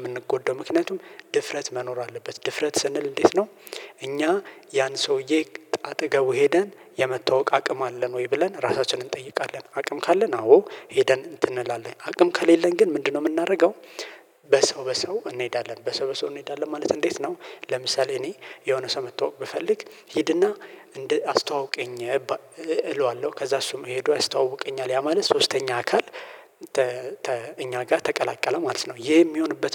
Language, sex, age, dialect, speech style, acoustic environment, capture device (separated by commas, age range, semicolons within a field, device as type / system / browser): Amharic, male, 25-34, Ethiopia - Addis Ababa, happy, silence, mobile / Linux / Chrome